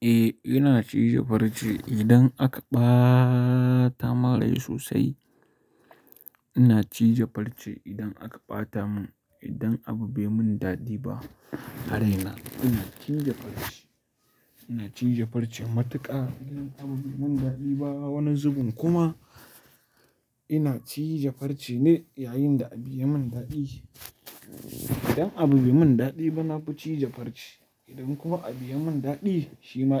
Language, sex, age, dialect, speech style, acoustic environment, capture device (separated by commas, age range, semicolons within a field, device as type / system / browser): Hausa, male, 18-24, Nigeria - Kano, happy, silence, mobile / Linux / Chrome